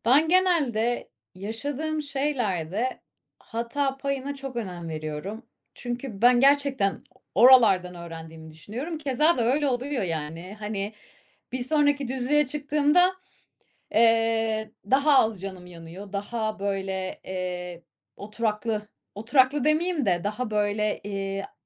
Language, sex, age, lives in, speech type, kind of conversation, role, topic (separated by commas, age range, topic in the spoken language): Turkish, female, 30-34, Spain, conversation, advice, user, Hatalarımdan utanmadan nasıl öğrenip onları kabul edebilirim ve başkalarının yargılarından nasıl daha az etkilenebilirim?